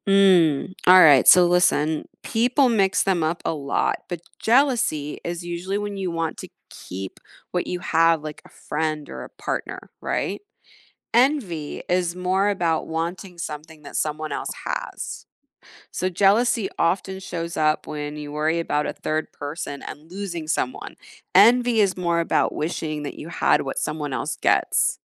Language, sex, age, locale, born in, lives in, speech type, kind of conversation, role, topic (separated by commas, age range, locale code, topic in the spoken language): English, female, 40-44, en-US, United States, United States, read, expert-assistant, expert, How can you identify and handle jealousy?